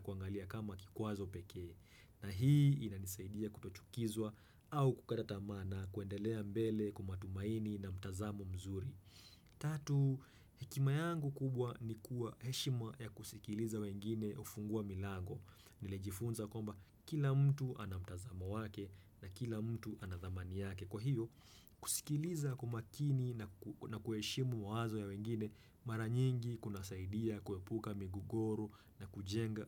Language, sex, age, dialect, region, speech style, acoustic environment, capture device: Swahili, male, 25-34, Kenya - Nairobi Sheng-influenced, Kenya, happy; relaxed; focused; excited, silence, desktop / Windows / Chrome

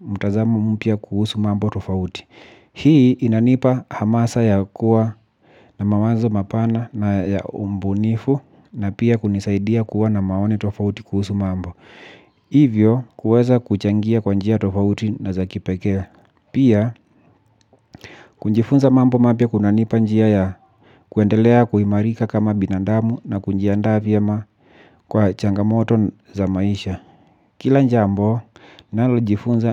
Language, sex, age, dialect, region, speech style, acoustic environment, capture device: Swahili, male, 25-34, Kenya - Nairobi Sheng-influenced, Kenya, relaxed, silence, mobile / Linux / Chrome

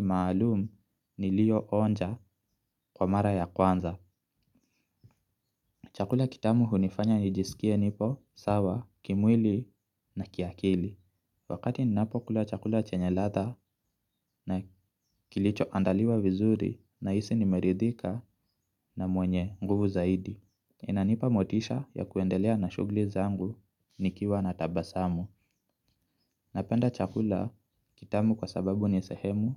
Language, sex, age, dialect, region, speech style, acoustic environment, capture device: Swahili, male, 25-34, Kenya - Nairobi Sheng-influenced, Kenya, relaxed, silence, mobile / Linux / Chrome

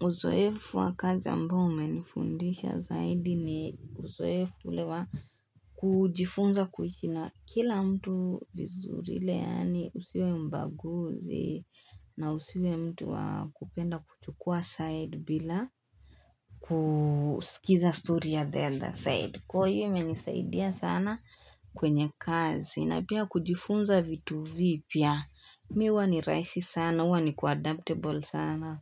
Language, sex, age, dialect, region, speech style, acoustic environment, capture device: Swahili, female, 18-24, Kenya - Nairobi Sheng-influenced, Kenya, nervous, people talking, desktop / Linux / Chrome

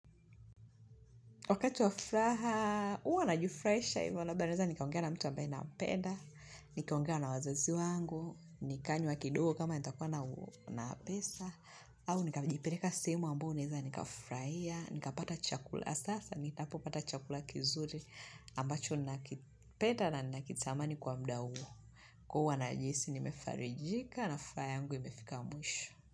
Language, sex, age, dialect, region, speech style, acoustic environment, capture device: Swahili, female, 25-34, Tanzania - Dar es Salaam, Tanzania, relaxed; focused, appliances; music; people talking; nature; silence, mobile / Linux / Chrome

